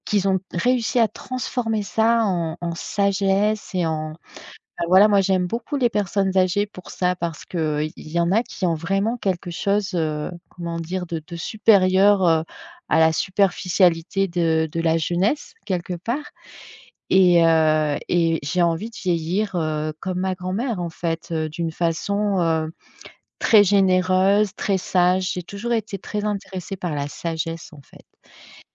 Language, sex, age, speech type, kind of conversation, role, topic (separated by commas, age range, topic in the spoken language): French, female, 45-49, conversation, podcast, guest, Quel rôle jouaient les grands-parents dans ton enfance ?